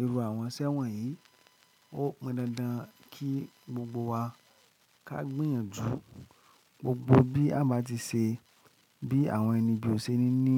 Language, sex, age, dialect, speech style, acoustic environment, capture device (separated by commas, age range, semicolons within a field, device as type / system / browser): Yoruba, male, 25-34, Nigeria - Lagos Yoruba, happy; excited, nature; silence, mobile / Linux / Chrome